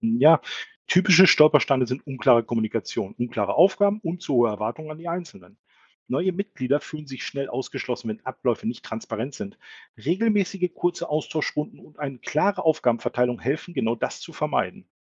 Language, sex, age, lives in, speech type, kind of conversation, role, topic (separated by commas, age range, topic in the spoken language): German, male, 45-49, Germany, read, expert-assistant, expert, Wie kann man mit kleinen Veränderungen in der Stadtpolitik das Miteinander in der Nachbarschaft verbessern?